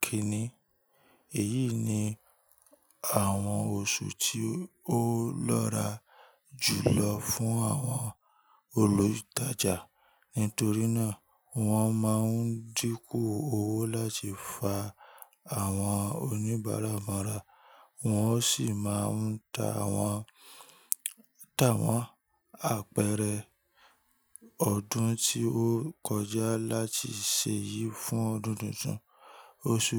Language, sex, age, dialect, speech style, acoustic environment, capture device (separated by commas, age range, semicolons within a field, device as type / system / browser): Yoruba, male, 25-34, Nigeria - Ibadan, happy, nature; people talking, mobile / Linux / Chrome